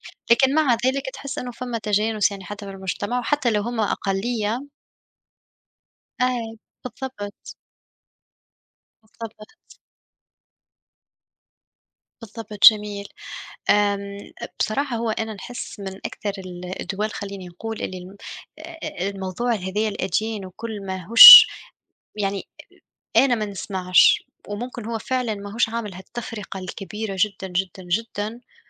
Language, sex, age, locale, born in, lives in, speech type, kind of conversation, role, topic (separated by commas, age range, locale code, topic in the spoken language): Arabic, female, 25-29, ar-TN, Tunisia, Germany, conversation, unstructured, none, إزاي الدين يقدر يقرّب الناس من بعض بدل ما يفرّقهم؟